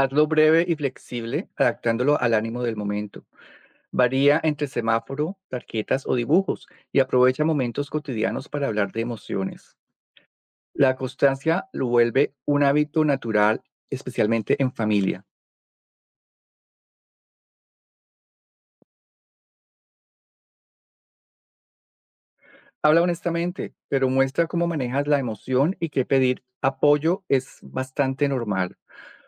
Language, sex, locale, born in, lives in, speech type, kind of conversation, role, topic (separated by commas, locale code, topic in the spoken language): Spanish, male, es-CO, Colombia, United States, read, expert-assistant, expert, ¿Qué significa 'salud mental' y por qué es importante en la vida diaria?